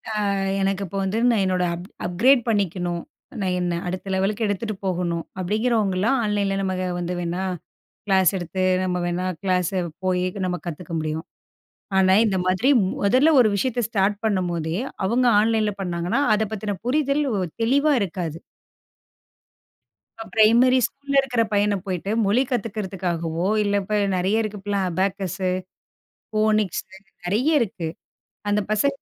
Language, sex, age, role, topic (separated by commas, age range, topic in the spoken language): Tamil, female, 35-39, guest, ஆன்லைன் கல்வி குழந்தைகளின் கற்றல் பயணத்தை எவ்வாறு மாற்றுகிறது?